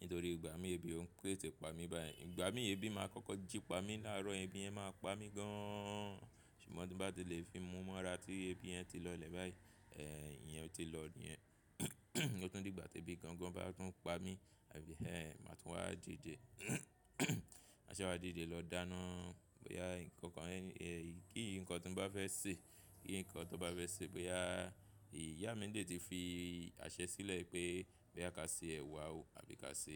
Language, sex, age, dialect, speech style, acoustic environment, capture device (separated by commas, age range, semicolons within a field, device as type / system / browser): Yoruba, male, 25-34, Nigeria - Lagos Yoruba, excited; relaxed; focused, music; people talking; nature; traffic, mobile / Linux / Chrome